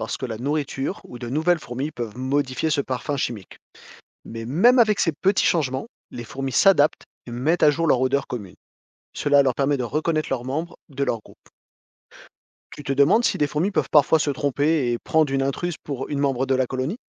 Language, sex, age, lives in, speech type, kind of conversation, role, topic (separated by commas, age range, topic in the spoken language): French, male, 40-44, France, read, expert-assistant, expert, Comment les fourmis communiquent-elles entre elles ?